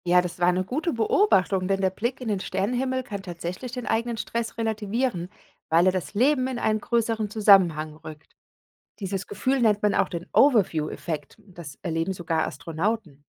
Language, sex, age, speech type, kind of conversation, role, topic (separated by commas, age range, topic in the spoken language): German, female, 40-44, read, expert-assistant, expert, Wie kann der Blick in den Kosmos helfen, mit persönlichen Herausforderungen umzugehen?